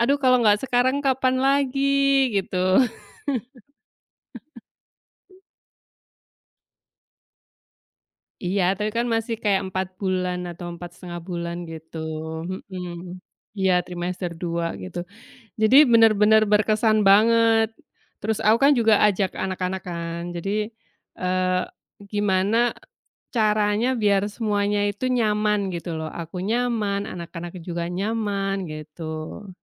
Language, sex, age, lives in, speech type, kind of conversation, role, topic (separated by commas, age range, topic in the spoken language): Indonesian, female, 45-49, Indonesia, conversation, unstructured, none, Apa kenangan favoritmu saat menonton konser atau pertunjukan langsung?